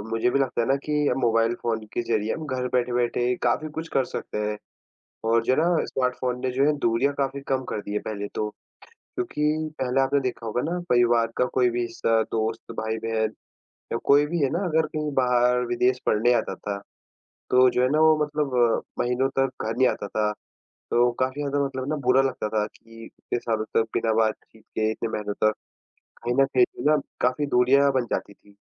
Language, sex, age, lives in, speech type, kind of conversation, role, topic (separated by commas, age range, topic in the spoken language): Hindi, male, 18-19, India, conversation, unstructured, none, आपका पसंदीदा उपकरण कौन-सा है और क्यों?